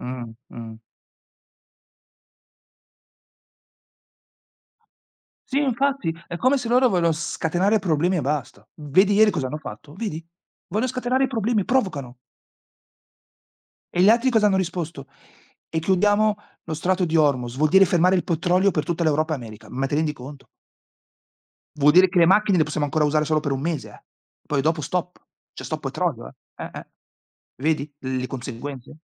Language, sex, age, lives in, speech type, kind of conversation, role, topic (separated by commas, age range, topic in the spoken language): Italian, male, 40-44, Italy, conversation, unstructured, none, Qual è il modo migliore per far cambiare idea a qualcuno?